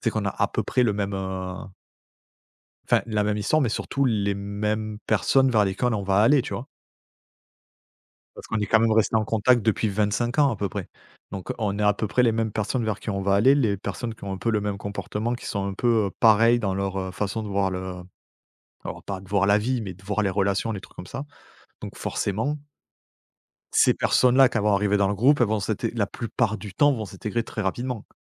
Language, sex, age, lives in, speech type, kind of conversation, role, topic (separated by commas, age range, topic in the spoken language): French, male, 35-39, France, conversation, podcast, guest, Comment repères-tu une vraie connexion, même en ligne ?